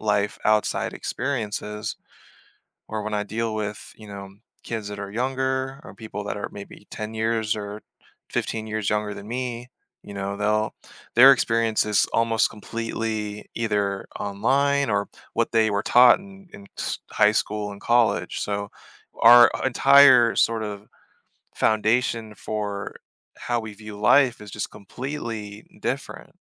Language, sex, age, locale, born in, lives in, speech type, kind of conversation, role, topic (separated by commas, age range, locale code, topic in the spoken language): English, male, 30-34, en-US, Germany, United States, conversation, advice, user, How can I handle awkward conversations at family gatherings without escalating tension?